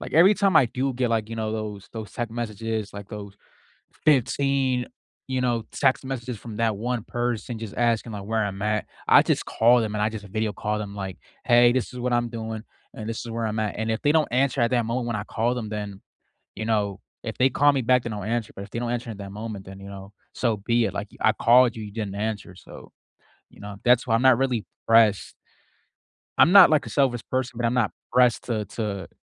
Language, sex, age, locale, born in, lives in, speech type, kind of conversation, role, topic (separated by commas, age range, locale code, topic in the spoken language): English, male, 20-24, en-US, United States, United States, conversation, unstructured, none, How can you rebuild trust and set healthy boundaries to strengthen your connection?